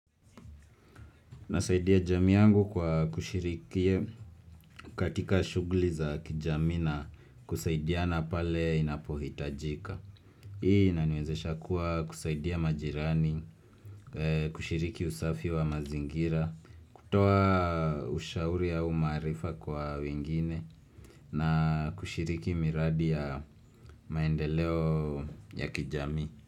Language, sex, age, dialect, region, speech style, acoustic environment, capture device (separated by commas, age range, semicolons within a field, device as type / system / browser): Swahili, male, 25-34, Kenya - Nairobi Sheng-influenced, Kenya, happy, silence, desktop / Windows / Chrome